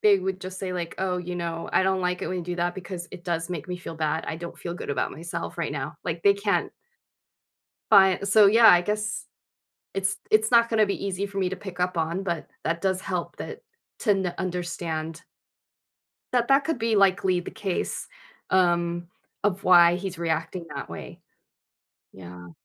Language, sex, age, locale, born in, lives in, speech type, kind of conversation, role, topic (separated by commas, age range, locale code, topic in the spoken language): English, female, 40-44, en-US, United States, United States, conversation, advice, user, How can I improve communication with my partner?